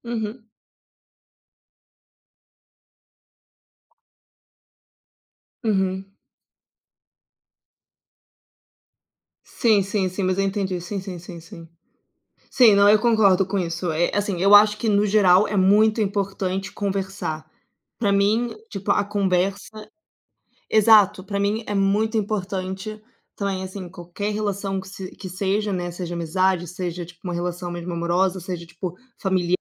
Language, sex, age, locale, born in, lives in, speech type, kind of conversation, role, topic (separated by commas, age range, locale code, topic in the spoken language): Portuguese, female, 25-29, pt-BR, Brazil, Portugal, conversation, unstructured, none, Como você costuma resolver desentendimentos com amigos?